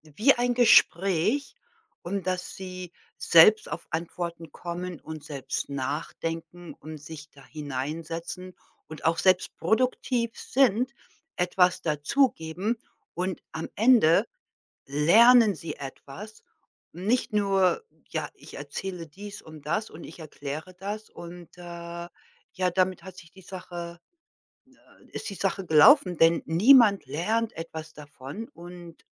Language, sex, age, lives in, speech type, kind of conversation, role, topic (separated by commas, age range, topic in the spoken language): German, female, 55-59, United States, conversation, podcast, guest, Wie führst du Meetings so, dass sie produktiv sind?